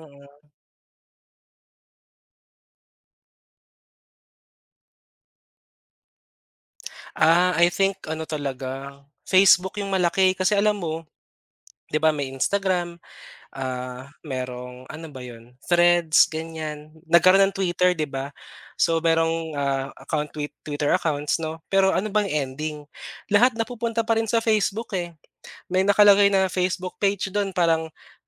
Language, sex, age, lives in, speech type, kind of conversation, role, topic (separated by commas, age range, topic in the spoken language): Filipino, male, 25-29, Philippines, conversation, podcast, guest, Ano ang papel ng midyang panlipunan sa pagbabagong-anyo ng sarili mo?